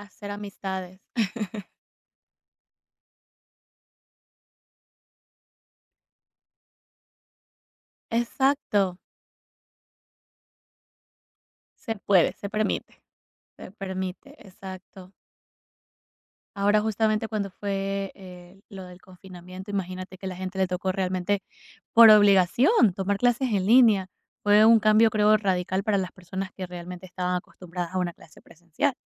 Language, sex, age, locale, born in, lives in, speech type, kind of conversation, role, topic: Spanish, female, 25-29, es-EC, Ecuador, United States, conversation, podcast, host, ¿Qué opinas sobre el aprendizaje en línea en comparación con las clases presenciales?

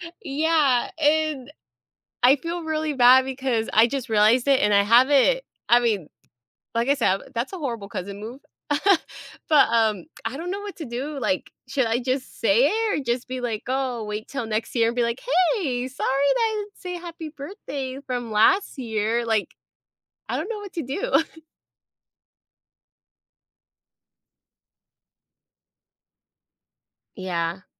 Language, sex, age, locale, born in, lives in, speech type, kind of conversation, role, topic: English, female, 25-29, en-US, United States, United States, conversation, advice, user, How should I apologize to my friend for forgetting their birthday?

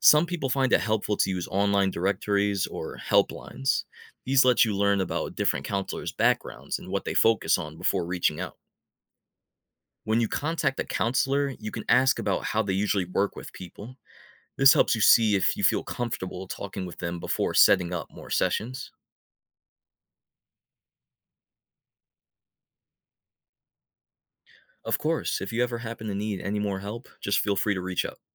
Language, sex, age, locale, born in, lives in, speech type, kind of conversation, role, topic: English, male, 25-29, en-US, United States, United States, read, expert-assistant, expert, Why is it important to recognize and manage your emotions?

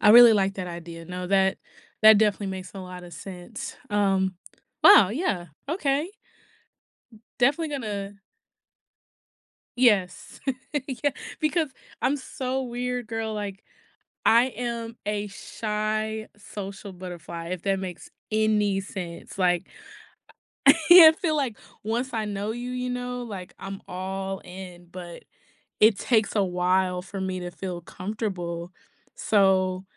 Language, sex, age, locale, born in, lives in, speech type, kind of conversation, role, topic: English, female, 30-34, en-US, United States, United States, conversation, advice, user, How can I make my upcoming move smooth and enjoyable while managing my nerves?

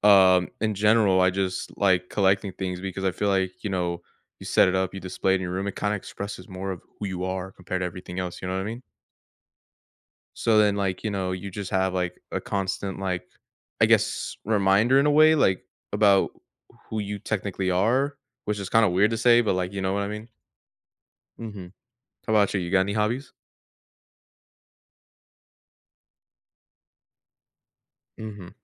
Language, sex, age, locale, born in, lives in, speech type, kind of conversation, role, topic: English, male, 18-19, en-US, United States, United States, conversation, unstructured, none, How do hobbies encourage personal growth and new experiences?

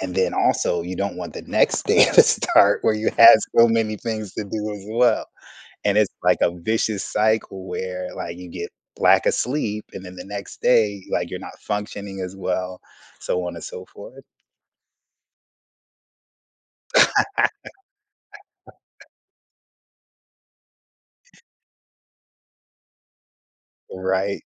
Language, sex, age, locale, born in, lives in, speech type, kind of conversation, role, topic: English, male, 45-49, en-US, United States, United States, conversation, unstructured, none, How do I spot when my priorities need to shift?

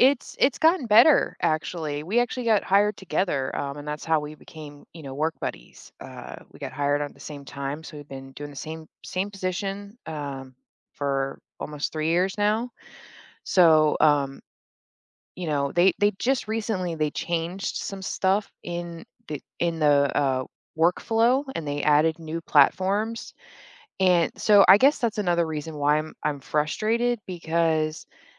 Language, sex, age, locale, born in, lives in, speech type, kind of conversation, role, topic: English, female, 35-39, en-US, Germany, United States, conversation, advice, user, How can I stop feeling jealous and genuinely celebrate my friend's success?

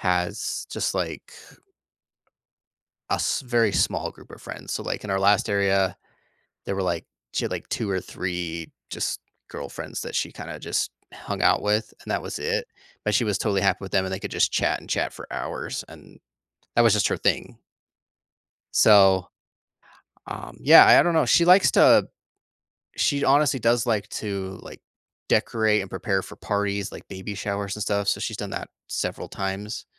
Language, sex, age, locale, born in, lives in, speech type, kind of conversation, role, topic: English, male, 30-34, en-US, United States, United States, conversation, advice, user, How can I make meaningful friends in a new city despite being shy and having limited free time?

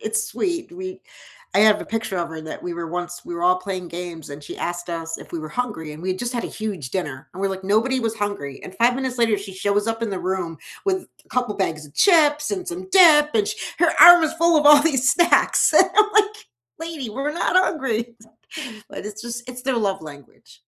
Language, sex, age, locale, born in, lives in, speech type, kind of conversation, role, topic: English, male, 55-59, en-US, United States, United States, conversation, unstructured, none, How do different cultures influence the food we eat?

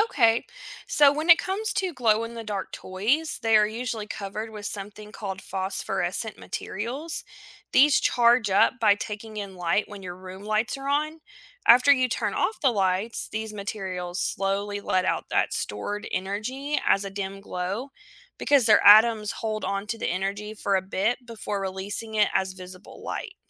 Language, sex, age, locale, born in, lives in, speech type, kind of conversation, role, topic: English, female, 35-39, en-US, United States, United States, read, expert-assistant, expert, Why do some objects glow in the dark?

